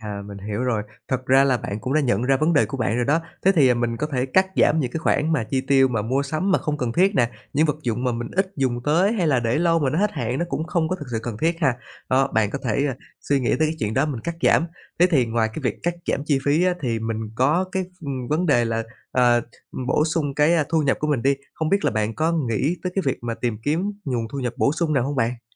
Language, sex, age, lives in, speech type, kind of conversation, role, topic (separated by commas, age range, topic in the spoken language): Vietnamese, male, 30-34, Vietnam, conversation, advice, advisor, Căng thẳng tài chính đang ảnh hưởng đến cuộc sống và sức khỏe của bạn như thế nào?